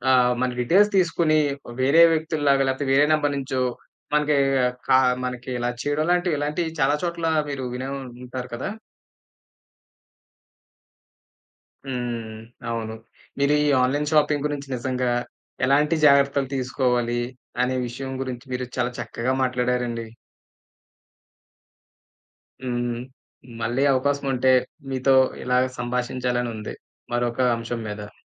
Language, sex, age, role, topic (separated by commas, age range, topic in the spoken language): Telugu, male, 30-34, host, ఆన్‌లైన్‌లో కొనుగోలు చేసేటప్పుడు ఏ ఏ జాగ్రత్తలు తీసుకోవాలి?